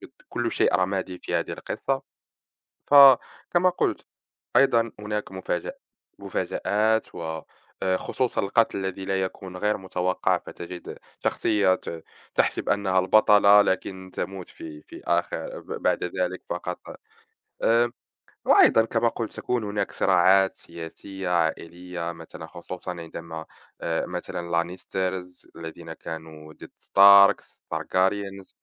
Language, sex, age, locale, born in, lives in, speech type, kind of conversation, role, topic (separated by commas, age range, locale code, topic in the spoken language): Arabic, male, 20-24, ar-MA, Morocco, France, conversation, unstructured, none, إنت بتفضّل تقرا كتب ورق ولا كتب إلكترونية، وليه؟